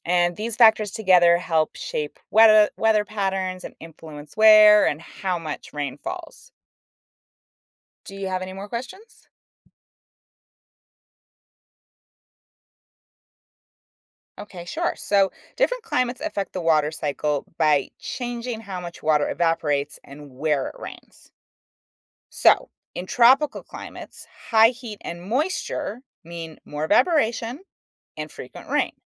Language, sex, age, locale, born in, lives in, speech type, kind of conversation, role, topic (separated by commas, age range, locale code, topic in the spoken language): English, female, 35-39, en-US, United States, United States, read, expert-assistant, expert, What is the science behind the water cycle?